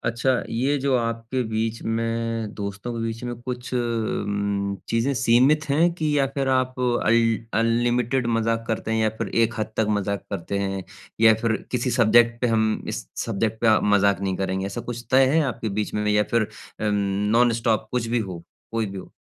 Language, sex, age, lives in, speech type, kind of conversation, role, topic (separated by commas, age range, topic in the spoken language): Hindi, male, 25-29, India, conversation, advice, advisor, मैं दोस्तों के साथ हुए विवाद या मतभेद को कैसे संभालूँ और सुलझाऊँ?